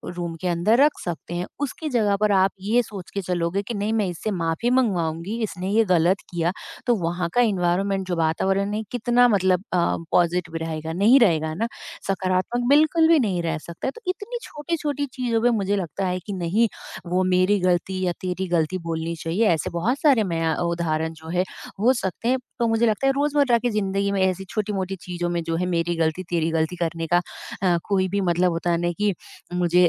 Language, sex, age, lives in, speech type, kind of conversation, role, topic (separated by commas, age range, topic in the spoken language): Hindi, female, 30-34, India, conversation, podcast, guest, आप आमतौर पर माफ़ी कैसे माँगते हैं?